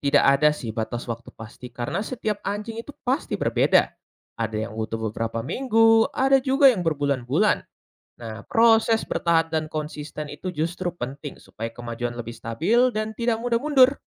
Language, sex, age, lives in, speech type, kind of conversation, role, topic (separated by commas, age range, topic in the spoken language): Indonesian, male, 20-24, Indonesia, read, expert-assistant, expert, Bagaimana kita tahu metode pelatihan hewan yang kita baca itu betul-betul sudah terbukti?